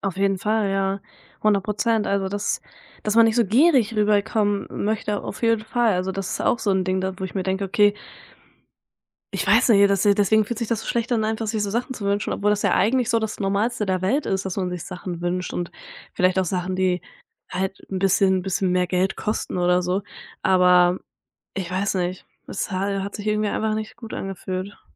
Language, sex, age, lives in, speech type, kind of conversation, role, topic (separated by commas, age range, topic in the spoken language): German, female, 20-24, Germany, conversation, podcast, guest, Was bedeutet dir Selbstfürsorge im Alltag?